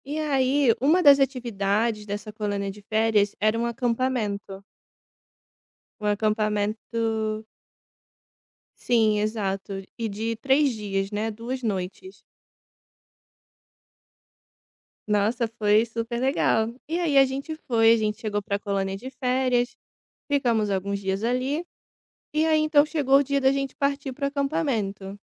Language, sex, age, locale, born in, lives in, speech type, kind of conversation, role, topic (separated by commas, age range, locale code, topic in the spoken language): Portuguese, female, 25-29, pt-BR, Brazil, Italy, conversation, podcast, guest, Você já ficou hipnotizado por um céu estrelado?